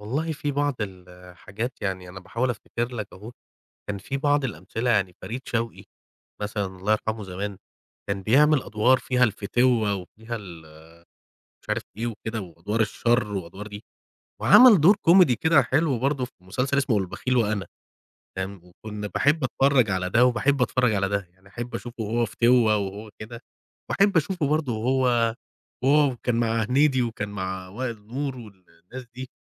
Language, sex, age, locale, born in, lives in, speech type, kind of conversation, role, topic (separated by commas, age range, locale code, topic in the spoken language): Arabic, male, 35-39, ar-EG, Egypt, Egypt, conversation, podcast, guest, إيه تأثير السوشيال ميديا على الشهرة؟